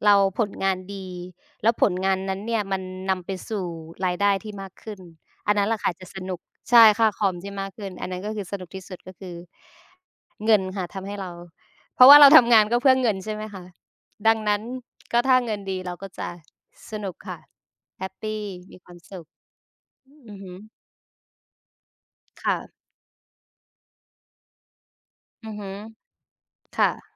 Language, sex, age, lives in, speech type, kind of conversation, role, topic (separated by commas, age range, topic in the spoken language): Thai, female, 25-29, Thailand, conversation, unstructured, none, วันทำงานแบบไหนที่คุณรู้สึกว่าสนุกที่สุด?